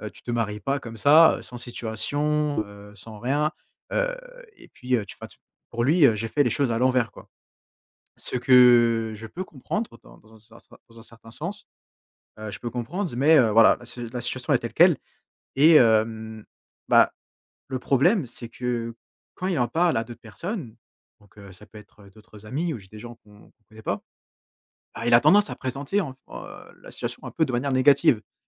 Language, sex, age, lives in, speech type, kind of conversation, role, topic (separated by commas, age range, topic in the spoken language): French, male, 20-24, France, conversation, advice, user, Comment réagir lorsqu’un ami critique mon mode de vie en public ?